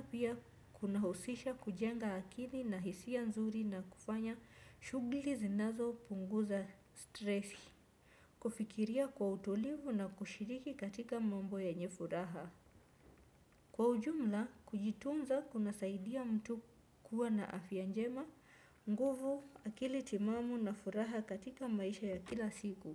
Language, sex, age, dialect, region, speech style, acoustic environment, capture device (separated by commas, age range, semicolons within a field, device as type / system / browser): Swahili, female, 25-34, Kenya - Mombasa, Kenya, focused, nature, desktop / Windows / Chrome